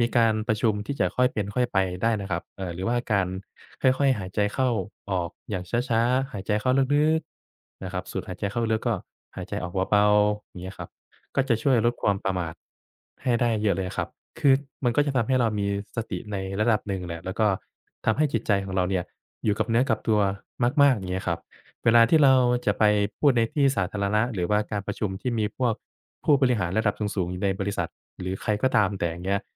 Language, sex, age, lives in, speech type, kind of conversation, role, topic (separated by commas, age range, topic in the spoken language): Thai, male, 25-29, Thailand, conversation, advice, advisor, คุณกลัวการพูดในที่สาธารณะ เช่น ตอนประชุมหรือนำเสนอใช่ไหม?